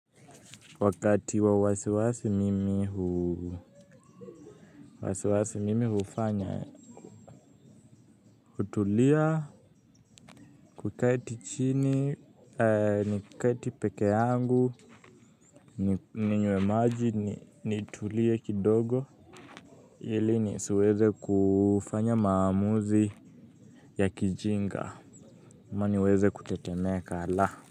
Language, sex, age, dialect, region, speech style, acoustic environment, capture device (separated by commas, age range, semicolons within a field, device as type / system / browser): Swahili, male, 18-24, Kenya - Nairobi Sheng-influenced, Kenya, happy, music, mobile / Linux / Chrome